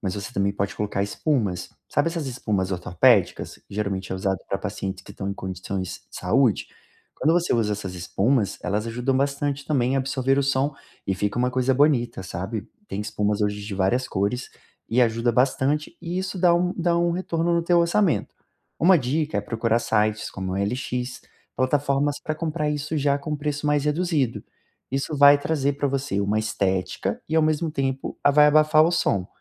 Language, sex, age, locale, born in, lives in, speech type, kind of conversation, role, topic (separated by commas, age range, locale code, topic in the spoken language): Portuguese, male, 30-34, pt-BR, Brazil, Portugal, conversation, advice, advisor, Como posso relaxar em casa sem ser interrompido por barulho e distrações?